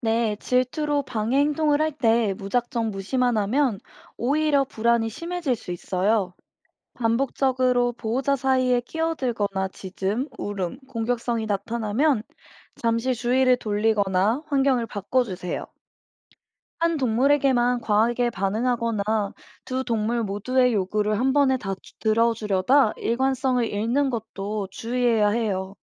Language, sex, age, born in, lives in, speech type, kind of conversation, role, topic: Korean, female, 25-29, South Korea, United States, read, expert-assistant, expert, 반려견과 반려묘를 함께 키울 때 발생하는 갈등을 어떻게 해결할 수 있을까요? 서로 다른 품종의 성향 차이에서 오는 문제를 극복하는 방법은 무엇이 있을까요?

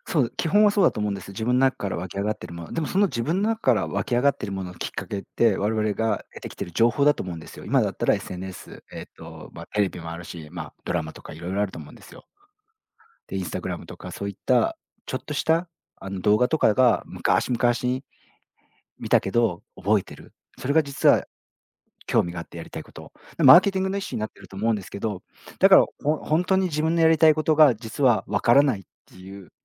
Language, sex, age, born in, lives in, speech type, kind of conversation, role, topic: Japanese, male, 40-44, Japan, Japan, conversation, podcast, guest, やりたいことがわからない人には、何を勧めますか？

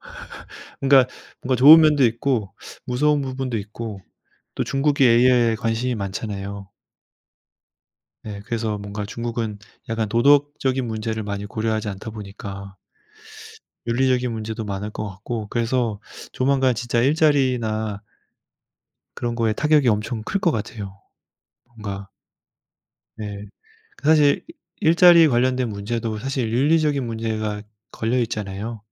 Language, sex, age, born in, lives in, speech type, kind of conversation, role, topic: Korean, male, 35-39, South Korea, France, conversation, unstructured, none, 기술 발전이 일자리에 어떤 영향을 미칠까요?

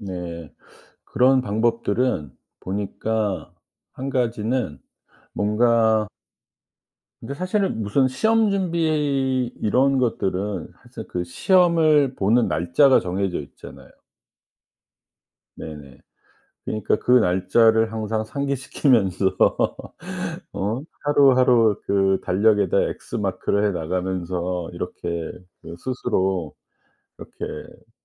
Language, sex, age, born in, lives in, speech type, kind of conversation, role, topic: Korean, male, 50-54, South Korea, United States, conversation, podcast, guest, 학습 동기를 어떻게 유지하나요?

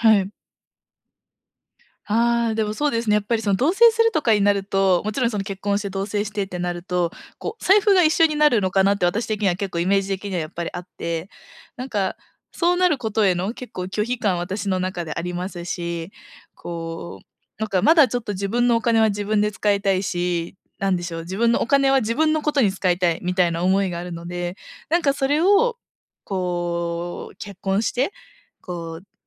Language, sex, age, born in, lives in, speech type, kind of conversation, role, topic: Japanese, female, 25-29, Japan, Japan, conversation, advice, user, パートナーと長期的な将来の計画（結婚・子ども・住まいなど）をどのように話し合えばよいですか？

